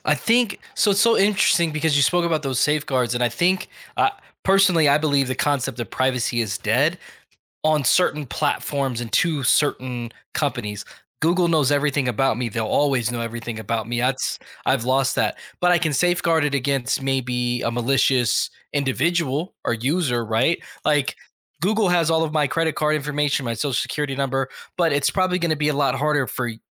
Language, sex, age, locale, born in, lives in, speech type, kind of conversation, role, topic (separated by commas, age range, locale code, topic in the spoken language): English, male, 35-39, en-US, United States, United States, conversation, podcast, host, How has modern technology changed the way we think about and protect our personal privacy?